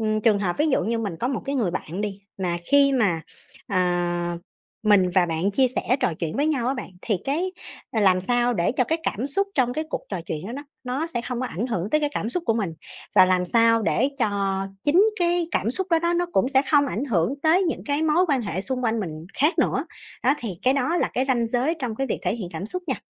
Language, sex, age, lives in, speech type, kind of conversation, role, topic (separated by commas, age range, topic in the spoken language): Vietnamese, female, 30-34, Vietnam, conversation, podcast, guest, Bạn học cách đặt ranh giới trong các mối quan hệ như thế nào?